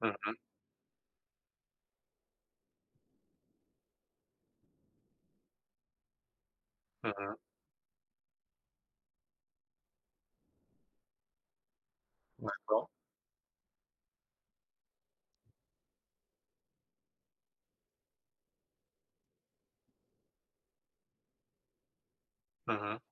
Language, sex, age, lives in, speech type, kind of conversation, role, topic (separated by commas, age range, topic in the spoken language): French, male, 35-39, France, conversation, advice, user, Comment surmonter une rupture récente difficile ?